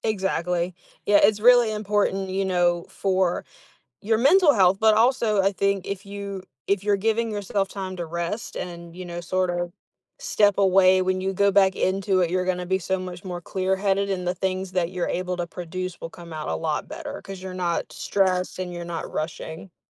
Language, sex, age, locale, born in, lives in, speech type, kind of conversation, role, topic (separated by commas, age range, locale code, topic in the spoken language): English, female, 20-24, en-US, United States, United States, conversation, advice, advisor, How can I balance multiple priorities without feeling overwhelmed?